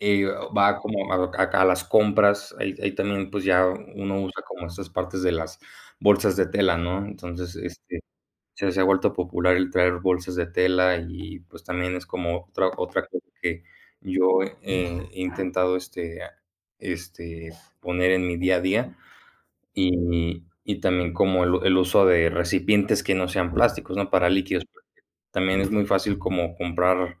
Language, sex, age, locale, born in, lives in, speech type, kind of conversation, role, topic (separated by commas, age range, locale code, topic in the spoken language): Spanish, male, 20-24, es-MX, Mexico, Mexico, conversation, unstructured, none, ¿Qué opinas sobre el uso excesivo de plásticos en nuestra vida diaria?